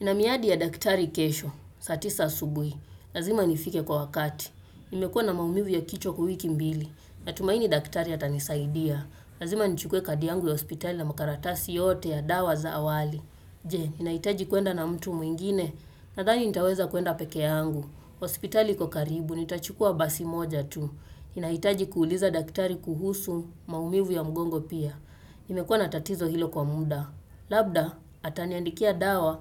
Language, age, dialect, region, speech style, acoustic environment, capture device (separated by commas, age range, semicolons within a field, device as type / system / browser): Swahili, 25-34, Kenya - Nairobi Sheng-influenced, Kenya, focused; relaxed, nature; appliances, desktop / Windows / Chrome